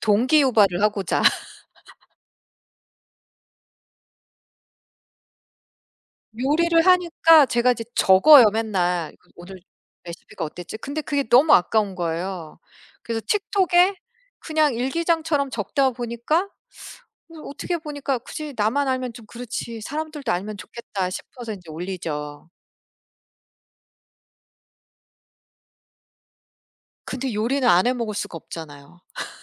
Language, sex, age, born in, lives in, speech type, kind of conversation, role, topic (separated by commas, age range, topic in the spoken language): Korean, female, 45-49, United States, United States, conversation, unstructured, none, SNS와 블로그 중 어느 플랫폼을 더 자주 사용하시나요?